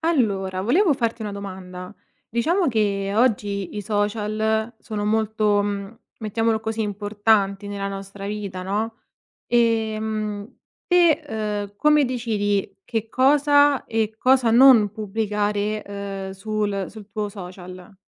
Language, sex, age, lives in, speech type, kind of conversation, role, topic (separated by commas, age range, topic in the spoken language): Italian, female, 25-29, Italy, conversation, podcast, host, Come decidi cosa condividere e cosa tenere privato sui social?